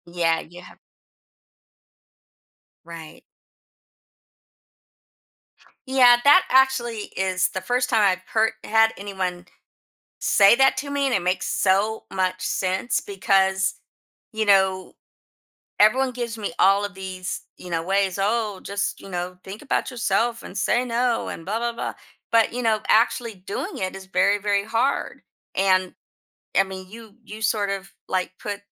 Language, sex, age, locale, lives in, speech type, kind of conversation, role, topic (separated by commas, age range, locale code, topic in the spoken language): English, female, 55-59, en-US, United States, conversation, advice, user, How can I say no to extra commitments?